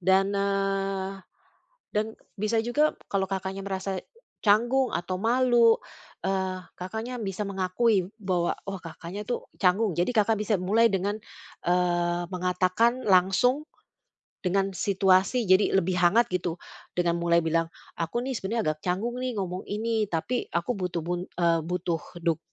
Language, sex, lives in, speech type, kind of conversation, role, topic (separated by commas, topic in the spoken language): Indonesian, female, Netherlands, conversation, advice, advisor, Bagaimana cara meminta dukungan emosional tanpa merasa canggung?